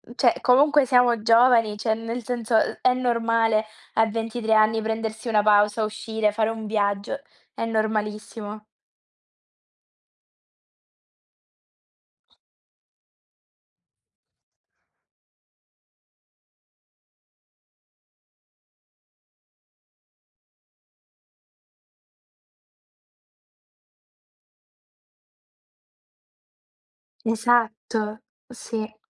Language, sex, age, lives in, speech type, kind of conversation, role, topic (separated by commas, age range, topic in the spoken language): Italian, female, 20-24, Italy, conversation, unstructured, none, Pensi che la società imponga troppe aspettative?